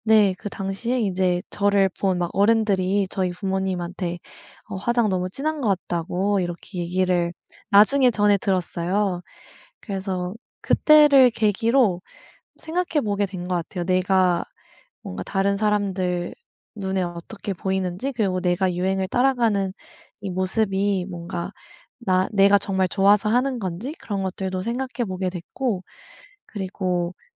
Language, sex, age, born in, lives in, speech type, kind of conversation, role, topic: Korean, female, 25-29, South Korea, United States, conversation, podcast, guest, 유행을 따르시겠어요, 아니면 자신만의 길을 가시겠어요?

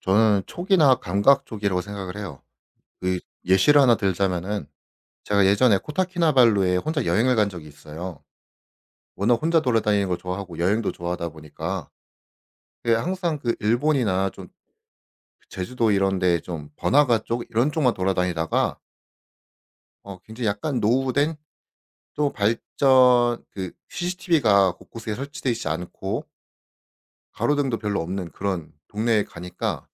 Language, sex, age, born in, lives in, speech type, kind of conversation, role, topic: Korean, male, 25-29, South Korea, South Korea, conversation, podcast, guest, 선택을 앞두고 직감을 믿는 편이신가요, 아니면 꼼꼼히 따져보는 편이신가요?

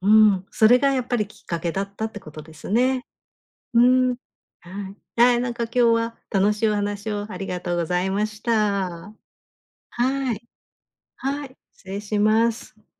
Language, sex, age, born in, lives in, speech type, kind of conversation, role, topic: Japanese, female, 50-54, Japan, Japan, conversation, podcast, host, 自分の美学を変えたきっかけは何ですか？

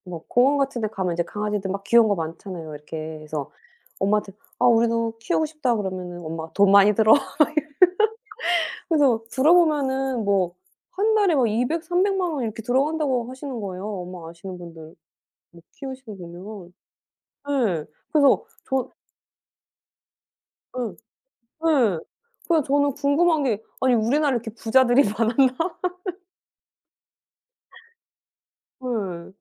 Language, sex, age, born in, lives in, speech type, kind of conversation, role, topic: Korean, female, 40-44, South Korea, United States, conversation, unstructured, none, 고양이와 강아지 중 어떤 반려동물이 더 매력적이라고 생각하시나요?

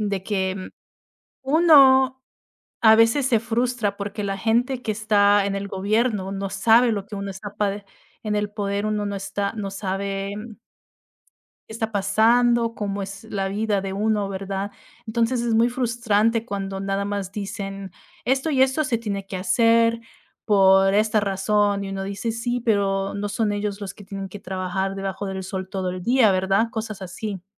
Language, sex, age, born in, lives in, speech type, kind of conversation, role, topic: Spanish, female, 30-34, United States, United States, conversation, unstructured, none, ¿Qué cualidades valoras más en un buen presidente o gobernante?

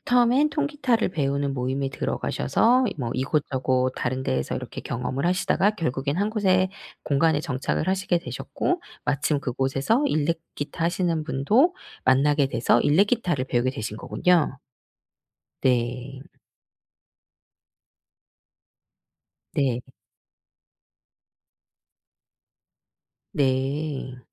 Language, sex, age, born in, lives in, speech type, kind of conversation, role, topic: Korean, female, 40-44, South Korea, South Korea, conversation, podcast, host, 직감 덕분에 일이 잘 풀렸던 경험이 있으신가요?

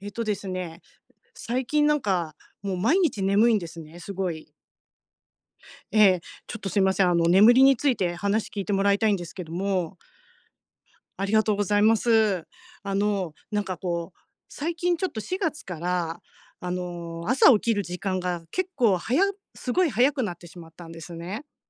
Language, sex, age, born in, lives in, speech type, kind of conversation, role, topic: Japanese, female, 50-54, Japan, Japan, conversation, advice, user, 睡眠の質を改善して朝すっきり起きる方法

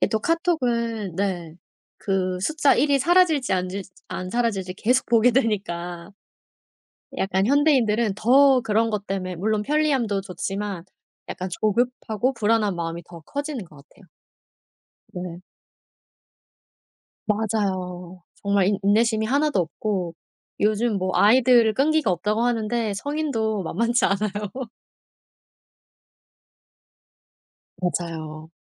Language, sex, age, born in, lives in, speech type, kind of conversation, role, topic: Korean, female, 35-39, South Korea, South Korea, conversation, podcast, guest, 스마트폰을 보지 않고 자연을 더 잘 즐길 수 있는 방법은 무엇인가요?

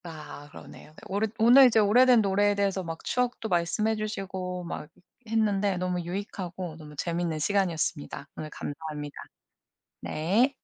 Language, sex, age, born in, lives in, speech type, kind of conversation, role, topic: Korean, female, 40-44, South Korea, United States, conversation, podcast, host, 오래된 노래를 다시 듣고 새롭게 빠져든 적이 있으신가요?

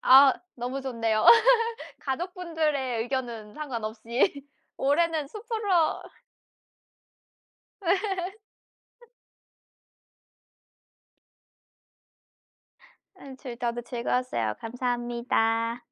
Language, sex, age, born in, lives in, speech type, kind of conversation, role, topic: Korean, female, 25-29, South Korea, France, conversation, unstructured, none, 숲속에서 가장 특별하다고 느꼈던 순간은 언제였나요?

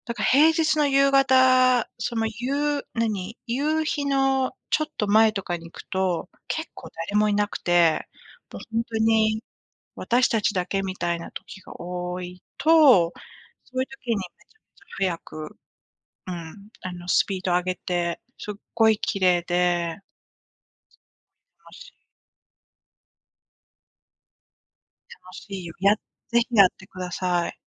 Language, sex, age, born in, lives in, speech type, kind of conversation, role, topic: Japanese, female, 45-49, Japan, United States, conversation, unstructured, none, 仕事や学校の後は、どのようにリラックスしていますか？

